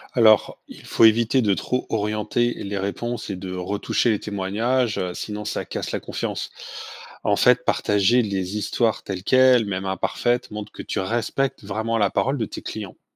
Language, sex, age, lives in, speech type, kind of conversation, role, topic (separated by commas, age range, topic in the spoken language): French, male, 45-49, France, read, expert-assistant, expert, Quelles idées pourrait-on imaginer pour aider une marque à donner une image plus sympathique au public ?